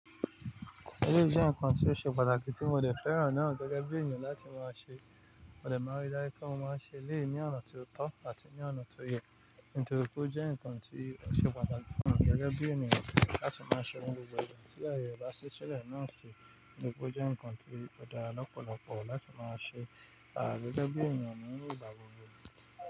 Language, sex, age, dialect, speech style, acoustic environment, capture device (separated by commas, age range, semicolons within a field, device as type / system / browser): Yoruba, male, 25-34, Nigeria - Nigerian Standard, relaxed, nature, mobile / Linux / Chrome